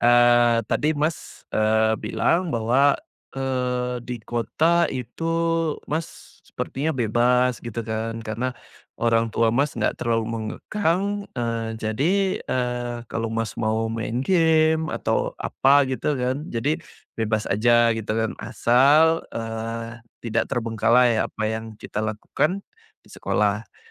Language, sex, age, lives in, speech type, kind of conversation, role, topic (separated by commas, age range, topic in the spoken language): Indonesian, male, 40-44, Indonesia, conversation, podcast, host, Pernahkah kamu tiba-tiba harus pindah ke kota lain atau ke luar negeri?